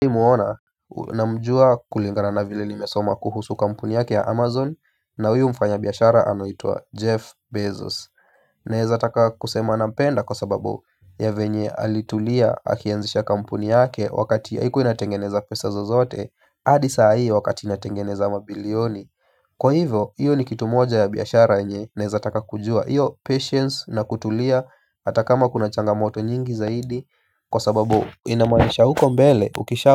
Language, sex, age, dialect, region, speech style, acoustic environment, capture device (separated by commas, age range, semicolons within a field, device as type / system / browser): Swahili, male, 25-34, Kenya - Nairobi Sheng-influenced, Kenya, relaxed; focused, silence, mobile / Linux / Chrome